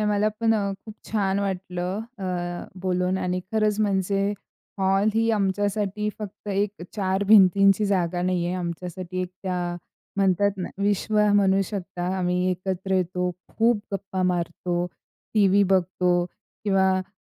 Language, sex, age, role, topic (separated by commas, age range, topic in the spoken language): Marathi, female, 45-49, guest, कुटुंबासोबत वेळ घालवण्यासाठी तुम्ही घरात कोणती जागा वापरता?